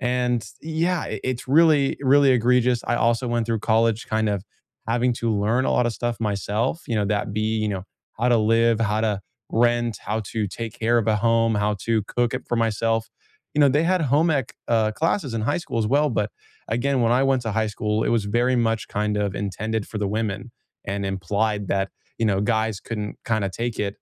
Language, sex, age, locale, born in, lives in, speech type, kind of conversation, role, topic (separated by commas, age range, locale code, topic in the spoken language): English, male, 20-24, en-US, United States, United States, conversation, unstructured, none, What do you wish schools taught more often?